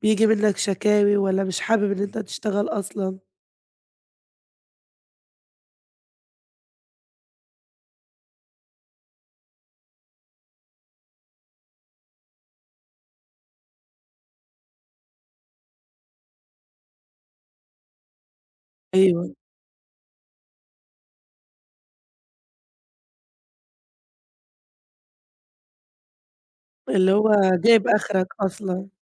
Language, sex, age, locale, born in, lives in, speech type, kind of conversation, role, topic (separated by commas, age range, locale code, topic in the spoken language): Arabic, female, 20-24, ar-EG, Egypt, Greece, conversation, advice, advisor, إنت حاسس/حاسة بإرهاق عاطفي واحتراق في الشغل وفقدان للحماس، وده بقاله قد إيه؟